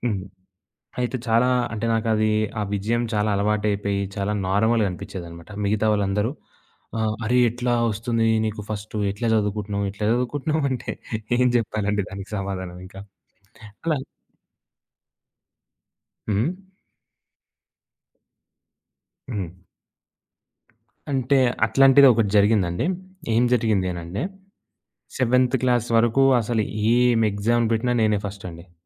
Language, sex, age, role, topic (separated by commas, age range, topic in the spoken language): Telugu, male, 20-24, guest, వైఫల్యాల నుంచి నేర్చుకోవడం మీకు ఎంత ముఖ్యమని అనిపిస్తుంది?